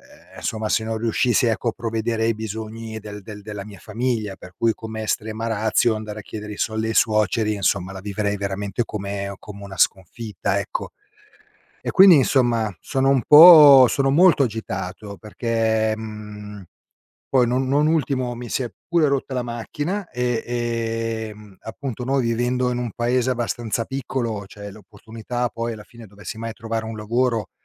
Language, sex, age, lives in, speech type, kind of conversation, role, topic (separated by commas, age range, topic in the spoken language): Italian, male, 50-54, Italy, conversation, advice, user, Quali preoccupazioni finanziarie ti causano ansia ogni giorno?